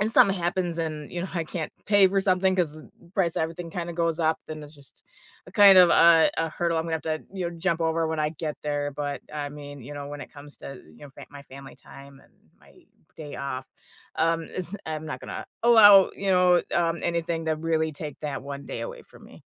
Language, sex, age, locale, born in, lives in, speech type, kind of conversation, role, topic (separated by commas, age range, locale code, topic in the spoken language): English, female, 35-39, en-US, United States, United States, conversation, unstructured, none, How do you balance independence and togetherness over time in your relationships?